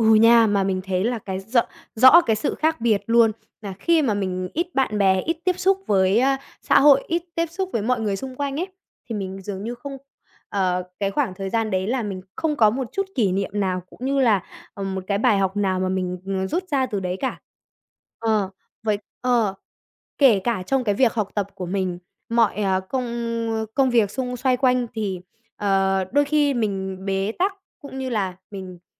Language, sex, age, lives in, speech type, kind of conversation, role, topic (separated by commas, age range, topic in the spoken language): Vietnamese, male, 20-24, Vietnam, conversation, podcast, guest, Làm sao để tự tin trong những tình huống xã hội mới?